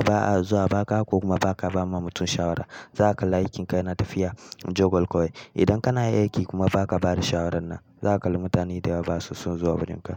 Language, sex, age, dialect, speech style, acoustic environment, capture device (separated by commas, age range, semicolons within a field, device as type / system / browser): Hausa, male, 18-24, Nigeria - Kano, happy, people talking; nature, mobile / Linux / Chrome